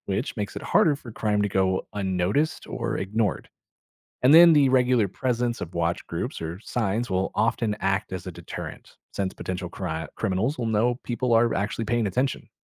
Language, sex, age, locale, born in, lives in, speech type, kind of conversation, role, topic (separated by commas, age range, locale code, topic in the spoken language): English, male, 30-34, en-US, United States, United States, read, expert-assistant, expert, How do neighborhood watch programs improve community safety?